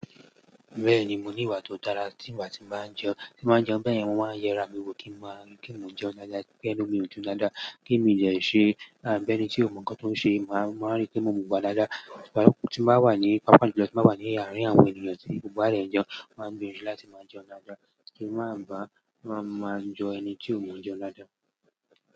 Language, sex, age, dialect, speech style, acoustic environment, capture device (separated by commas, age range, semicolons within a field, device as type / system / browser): Yoruba, male, 18-24, Nigeria - Lagos Yoruba, excited, silence, mobile / Android / Chrome